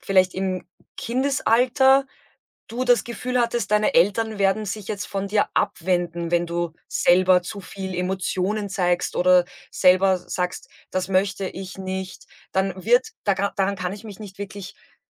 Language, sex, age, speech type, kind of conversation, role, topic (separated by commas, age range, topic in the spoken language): German, female, 35-39, conversation, podcast, guest, Wie kann man innerhalb der Familie klare und gesunde Grenzen setzen?